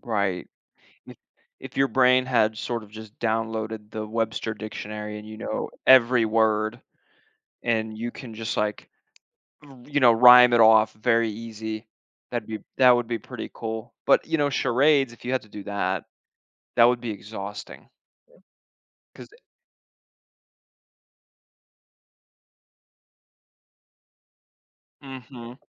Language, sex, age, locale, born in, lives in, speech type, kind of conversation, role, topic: English, male, 25-29, en-US, United States, United States, conversation, unstructured, none, Would you rather always have to speak in rhymes or only be able to communicate through charades?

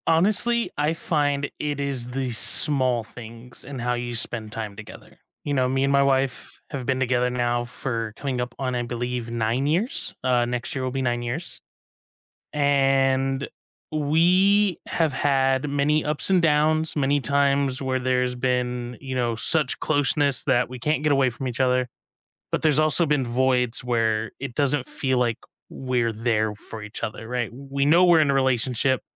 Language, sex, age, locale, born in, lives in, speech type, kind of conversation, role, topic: English, male, 25-29, en-US, United States, United States, conversation, unstructured, none, What is the best way to keep romance alive?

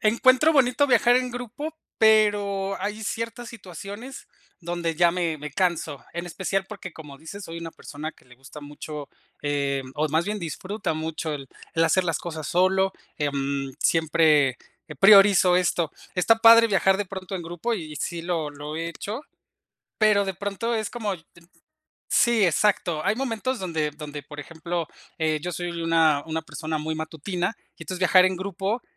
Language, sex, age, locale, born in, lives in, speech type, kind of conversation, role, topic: Spanish, male, 35-39, es-MX, Mexico, Mexico, conversation, unstructured, none, ¿Prefieres viajar solo o en grupo?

